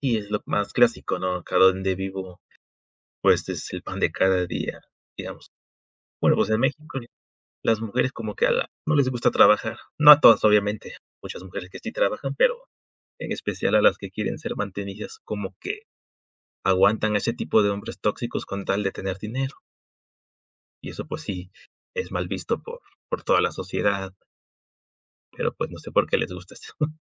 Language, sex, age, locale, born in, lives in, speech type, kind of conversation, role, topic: Spanish, male, 20-24, es-MX, Mexico, Mexico, conversation, unstructured, none, ¿Qué señales indican que una relación es tóxica?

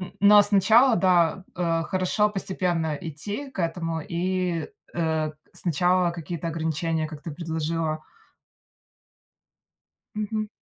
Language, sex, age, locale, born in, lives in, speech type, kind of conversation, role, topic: Russian, female, 30-34, ru-RU, Russia, United States, conversation, advice, user, Как постоянные отвлечения на телефон мешают вам доводить задачи до конца?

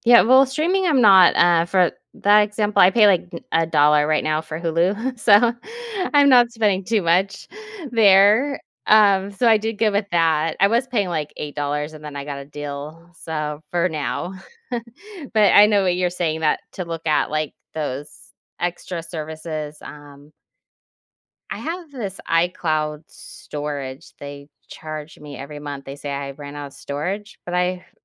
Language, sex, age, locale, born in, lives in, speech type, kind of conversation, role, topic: English, female, 40-44, en-US, United States, United States, conversation, advice, user, How can I manage bills and start building an emergency fund when my monthly income is unpredictable?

